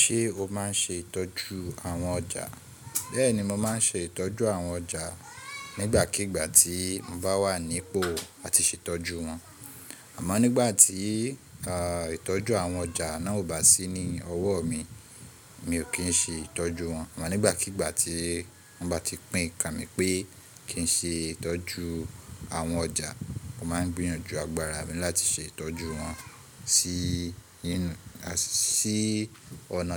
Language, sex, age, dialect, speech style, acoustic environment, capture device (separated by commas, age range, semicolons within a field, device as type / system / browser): Yoruba, male, 25-34, Nigeria - Ibadan, relaxed, people talking, mobile / Linux / Chrome